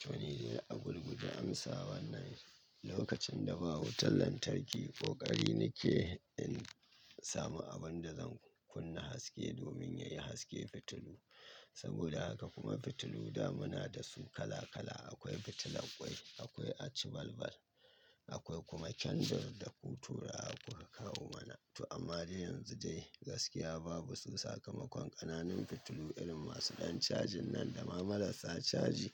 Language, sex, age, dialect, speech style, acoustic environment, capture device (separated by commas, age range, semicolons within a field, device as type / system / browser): Hausa, male, 25-34, Nigeria - Katsina, happy, nature, mobile / Linux / Chrome